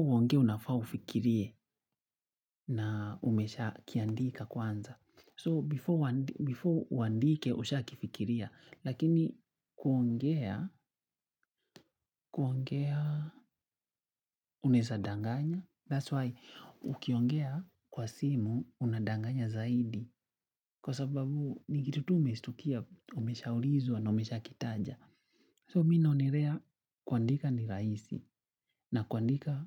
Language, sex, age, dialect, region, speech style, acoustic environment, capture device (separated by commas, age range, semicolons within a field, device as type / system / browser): Swahili, male, 25-34, Kenya - Nairobi Sheng-influenced, Kenya, excited, people talking, mobile / Linux / Chrome